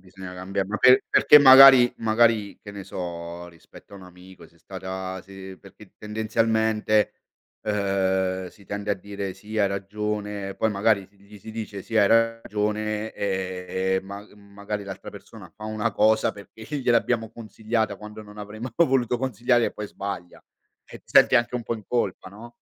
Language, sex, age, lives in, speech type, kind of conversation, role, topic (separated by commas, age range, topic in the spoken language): Italian, male, 45-49, Italy, conversation, podcast, host, Come capisci quando è il momento giusto di dire la verità, anche se è scomoda?